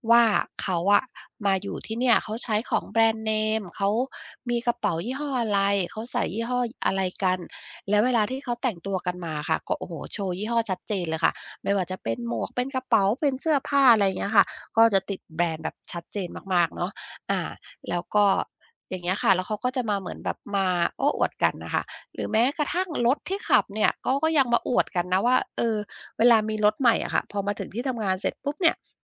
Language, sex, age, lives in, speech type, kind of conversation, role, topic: Thai, female, 50-54, United States, conversation, advice, user, ฉันจะรักษาเอกลักษณ์ของตัวเองไว้ได้อย่างไรเมื่อถูกกดดันจากสังคม?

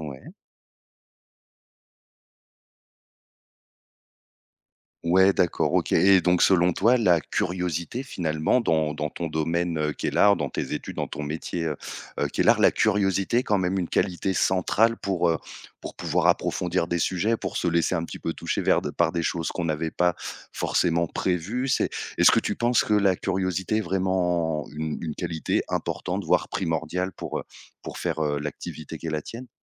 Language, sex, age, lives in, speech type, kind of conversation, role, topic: French, male, 30-34, France, conversation, podcast, host, Peux-tu raconter une sortie liée à l’un de tes loisirs ?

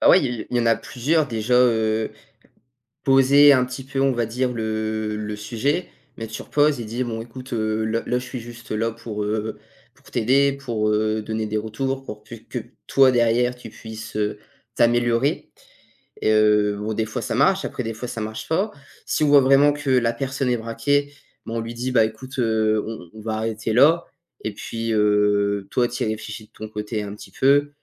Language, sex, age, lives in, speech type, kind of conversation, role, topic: French, male, 18-19, France, conversation, podcast, guest, Comment donner un retour difficile sans braquer personne ?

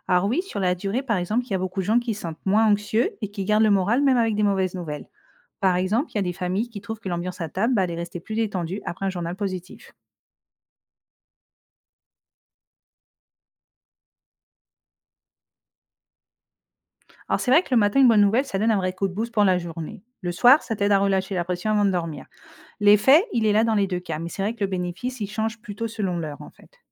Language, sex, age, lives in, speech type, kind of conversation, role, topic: French, female, 35-39, Spain, read, expert-assistant, expert, Entre une mauvaise nouvelle et une bonne nouvelle, laquelle devrait avoir la première place dans un média ?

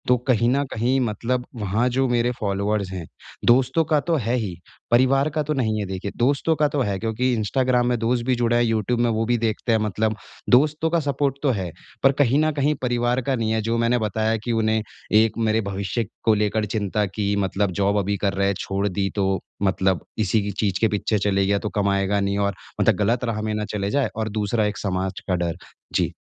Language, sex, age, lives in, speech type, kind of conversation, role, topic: Hindi, male, 25-29, India, conversation, advice, user, नया शौक शुरू करने से डर लग रहा है तो मैं शुरुआत कैसे करूँ?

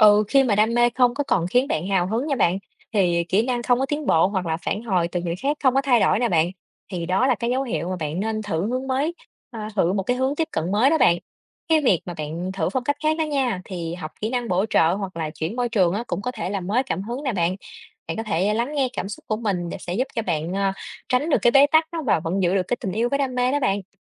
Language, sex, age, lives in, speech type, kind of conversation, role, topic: Vietnamese, female, 30-34, Vietnam, read, expert-assistant, expert, Làm thế nào để xác định ưu tiên giữa đam mê cá nhân và ổn định tài chính khi lập kế hoạch nghề nghiệp?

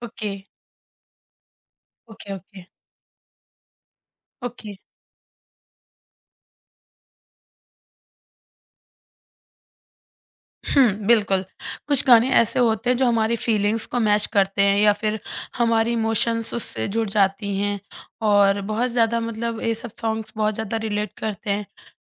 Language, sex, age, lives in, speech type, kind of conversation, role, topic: Hindi, female, 20-24, India, conversation, podcast, host, Tumhari life ka kaunsa gaana tumhara anthem hai?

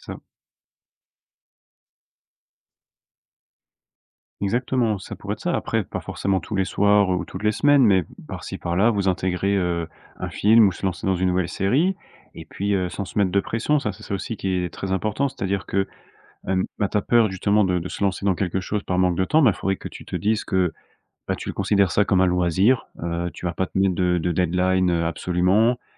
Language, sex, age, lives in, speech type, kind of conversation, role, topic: French, male, 25-29, France, conversation, advice, advisor, Peur de s'engager dans un nouveau hobby par manque de temps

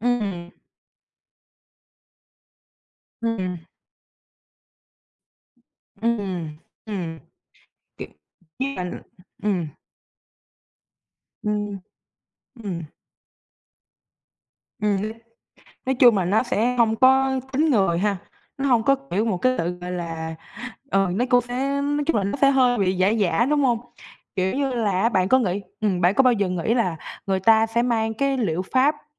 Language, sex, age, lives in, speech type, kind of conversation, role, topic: Vietnamese, female, 18-19, Vietnam, conversation, podcast, host, Theo bạn, âm nhạc thay đổi tâm trạng của chúng ta như thế nào?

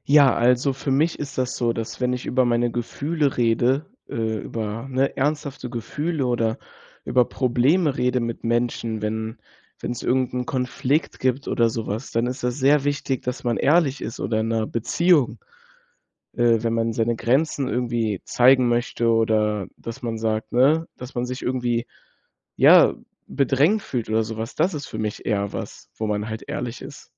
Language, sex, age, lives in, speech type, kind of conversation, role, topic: German, male, 18-19, Germany, conversation, podcast, guest, Wie findest du die Balance zwischen ehrlich sein und nett bleiben?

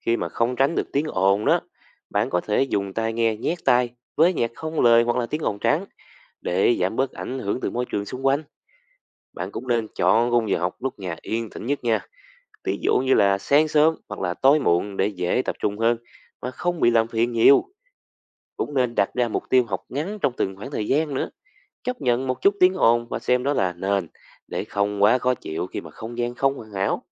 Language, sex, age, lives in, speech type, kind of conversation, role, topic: Vietnamese, male, 20-24, Vietnam, read, expert-assistant, expert, Làm thế nào để xây dựng thói quen học tập hiệu quả?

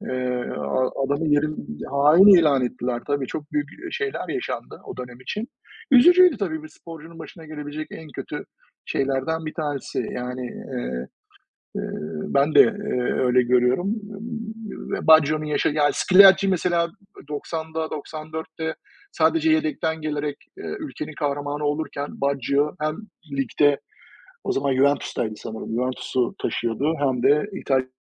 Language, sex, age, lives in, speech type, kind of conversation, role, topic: Turkish, male, 45-49, United States, conversation, unstructured, none, Tarihte seni en çok etkileyen kahraman kimdi?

